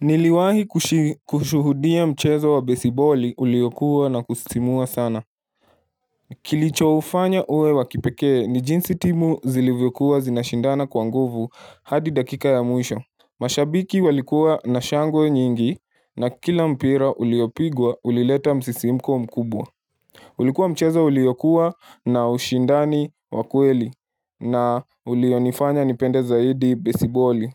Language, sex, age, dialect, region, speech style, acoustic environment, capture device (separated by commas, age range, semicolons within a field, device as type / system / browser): Swahili, male, 18-24, Kenya - Nairobi Sheng-influenced, Kenya, relaxed; focused, silence, mobile / Linux / Chrome